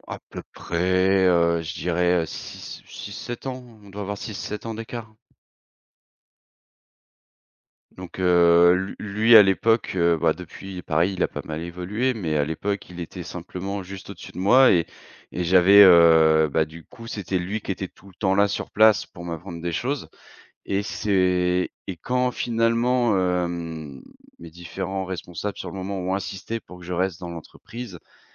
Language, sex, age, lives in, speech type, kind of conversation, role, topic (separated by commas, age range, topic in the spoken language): French, male, 30-34, France, conversation, podcast, guest, Comment construire une relation de confiance avec un mentor ?